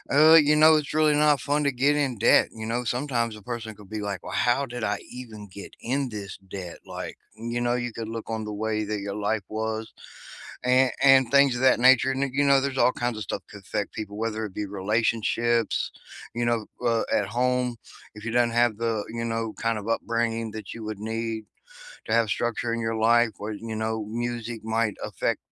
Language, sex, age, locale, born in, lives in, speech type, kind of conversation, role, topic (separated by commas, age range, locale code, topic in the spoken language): English, male, 40-44, en-US, United States, United States, conversation, unstructured, none, What has your experience been like trying to pay off debt?